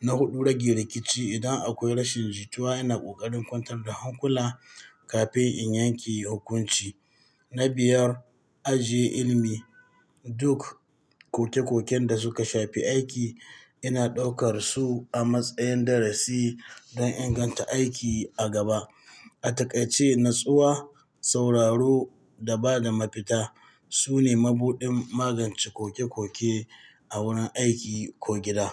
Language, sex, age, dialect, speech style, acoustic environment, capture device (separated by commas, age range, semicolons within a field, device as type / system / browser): Hausa, male, 45-54, Nigeria - Katsina, happy; focused; excited, people talking, mobile / Linux / Chrome